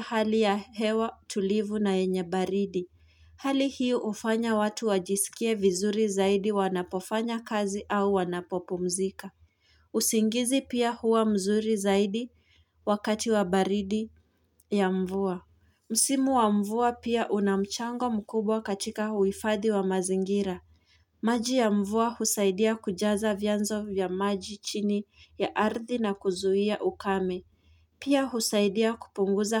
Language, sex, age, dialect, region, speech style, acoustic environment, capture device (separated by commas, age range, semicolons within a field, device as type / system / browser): Swahili, female, 18-24, Kenya - Nairobi Sheng-influenced, Kenya, anxious; relaxed; excited; focused, nature, mobile / Linux / Chrome